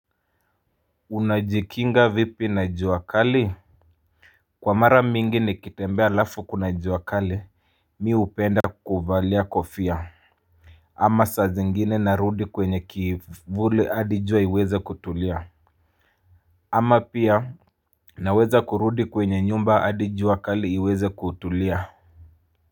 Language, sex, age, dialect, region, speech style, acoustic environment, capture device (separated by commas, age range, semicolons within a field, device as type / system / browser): Swahili, male, 25-34, Kenya - Mombasa, Kenya, anxious, people talking, mobile / Linux / Chrome